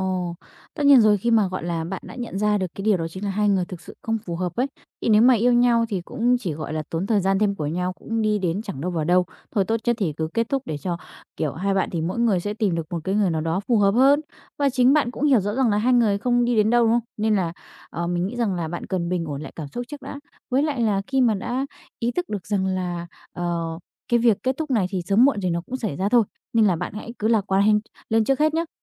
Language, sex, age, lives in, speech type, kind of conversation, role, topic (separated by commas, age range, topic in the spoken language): Vietnamese, female, 20-24, Vietnam, conversation, advice, advisor, Làm sao để tôi ngừng nghĩ về người yêu cũ mọi lúc?